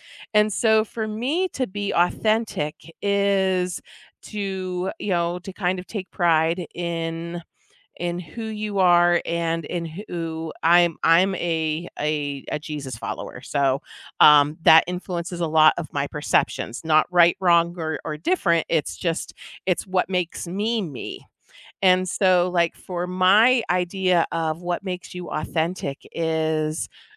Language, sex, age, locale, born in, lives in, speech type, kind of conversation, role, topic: English, female, 45-49, en-US, United States, United States, conversation, podcast, guest, Why is it sometimes difficult to be true to yourself in modern society?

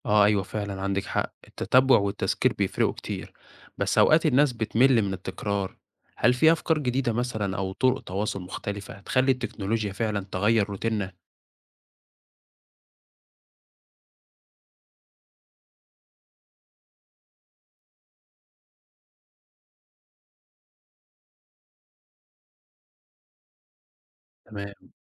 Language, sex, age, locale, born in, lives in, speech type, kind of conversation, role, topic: Arabic, male, 20-24, ar-EG, Egypt, Egypt, read, expert-assistant, asker, إزاي ممكن التكنولوجيا (زي التطبيقات أو الأجهزة الذكية) تساعدنا نطور حلول جديدة لدعم الصحة النفسية في حياتنا اليومية؟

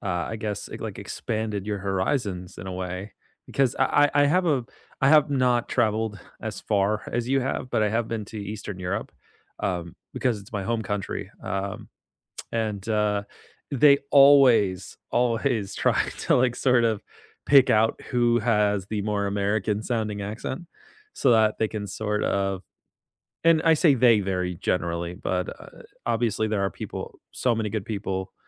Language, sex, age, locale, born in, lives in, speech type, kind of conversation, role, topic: English, male, 25-29, en-US, United States, United States, conversation, unstructured, none, When was the last time you experienced unexpected kindness from a stranger while traveling?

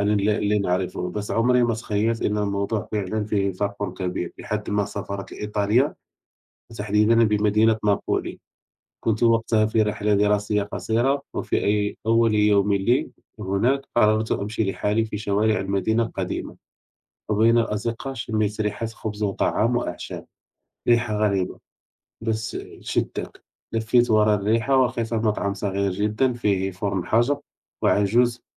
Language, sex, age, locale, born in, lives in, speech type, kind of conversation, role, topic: Arabic, male, 30-34, ar-MA, Morocco, France, conversation, unstructured, none, إنت بتحب تجرّب أكلات من ثقافات مختلفة، وأنهي نوع عجبك أكتر؟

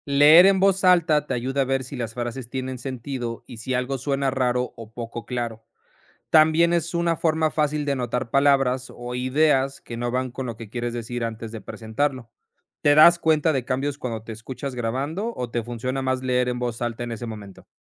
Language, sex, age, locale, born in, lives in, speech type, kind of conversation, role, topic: Spanish, male, 30-34, es-MX, Mexico, Mexico, read, expert-assistant, expert, ¿De qué forma la escritura creativa desarrolla habilidades comunicativas?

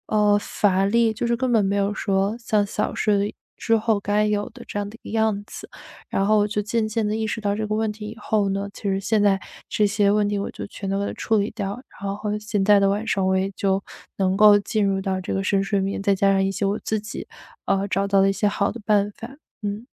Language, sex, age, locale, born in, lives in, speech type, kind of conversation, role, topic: Chinese, female, 25-29, zh-CN, China, United States, conversation, podcast, guest, 晚上如何放松自己并顺利入睡？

